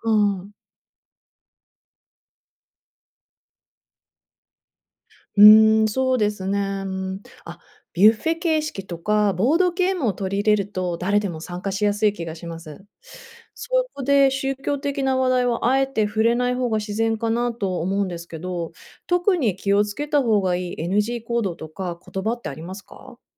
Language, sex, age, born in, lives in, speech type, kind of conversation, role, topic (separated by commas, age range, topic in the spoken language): Japanese, female, 35-39, Japan, United States, read, expert-assistant, asker, 友人や同僚の宗教的な習慣に気をつかうとき、何に注意すればいい？